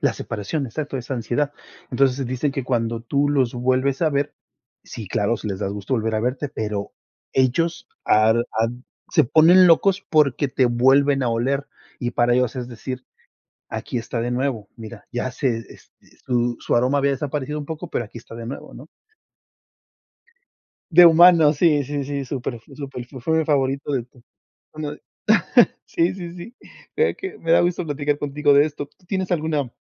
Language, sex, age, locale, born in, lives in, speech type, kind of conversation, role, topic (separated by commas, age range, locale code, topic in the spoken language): Spanish, male, 40-44, es-MX, Mexico, United States, conversation, unstructured, none, ¿Alguna vez un animal te ha sorprendido con su inteligencia?